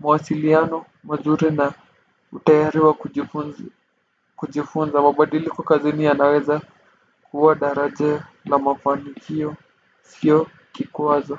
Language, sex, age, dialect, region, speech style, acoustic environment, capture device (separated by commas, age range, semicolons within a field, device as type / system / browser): Swahili, male, 18-24, Kenya - Nairobi Sheng-influenced, Kenya, relaxed, nature, desktop / Windows / Firefox